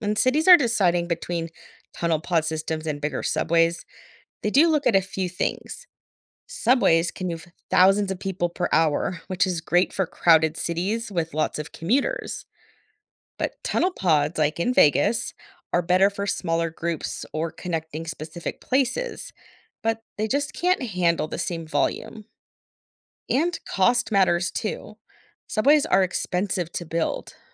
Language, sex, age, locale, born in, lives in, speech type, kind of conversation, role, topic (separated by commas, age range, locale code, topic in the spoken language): English, female, 40-44, en-US, United States, United States, read, expert-assistant, expert, What new transportation methods could improve city commutes?